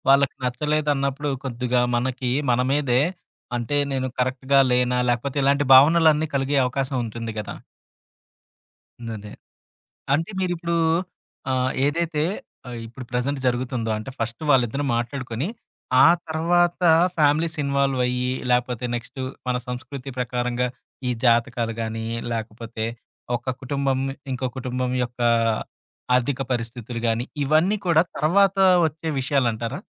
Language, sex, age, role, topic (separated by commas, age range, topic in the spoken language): Telugu, male, 30-34, host, వివాహం చేసుకోవడానికి సమయం వచ్చిందని సూచించే ఎలాంటి లక్షణాలు మీరు గమనిస్తారు?